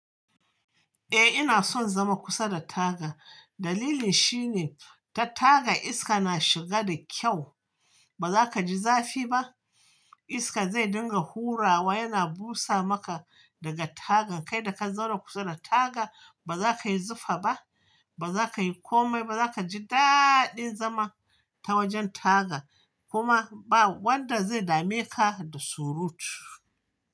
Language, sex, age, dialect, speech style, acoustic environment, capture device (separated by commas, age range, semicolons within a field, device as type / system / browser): Hausa, male, 25-34, Nigeria - Kano, relaxed, silence, mobile / Linux / Chrome